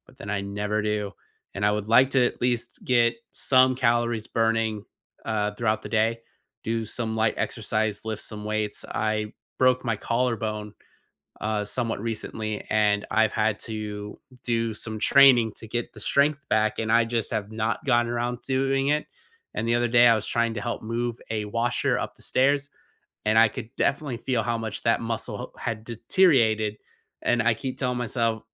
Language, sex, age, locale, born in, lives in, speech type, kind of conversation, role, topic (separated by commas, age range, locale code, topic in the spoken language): English, male, 30-34, en-US, United States, United States, conversation, unstructured, none, What small ritual makes your morning feel right for you?